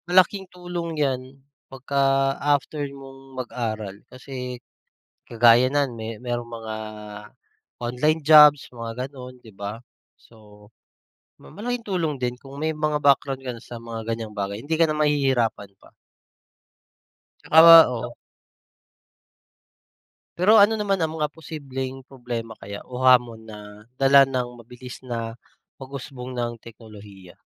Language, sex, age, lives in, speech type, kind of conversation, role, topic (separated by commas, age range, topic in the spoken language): Filipino, male, 30-34, Philippines, conversation, unstructured, none, Paano mo nakikita ang magiging hinaharap ng teknolohiya sa Pilipinas?